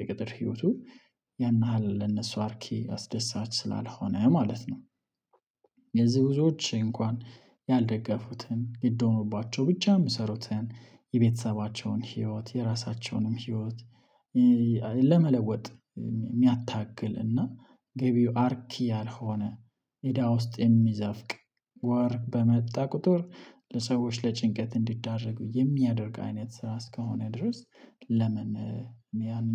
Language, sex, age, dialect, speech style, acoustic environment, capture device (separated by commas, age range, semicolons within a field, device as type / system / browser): Amharic, male, 25-34, Ethiopia - Addis Ababa, focused; relaxed, silence, mobile / Linux / Chrome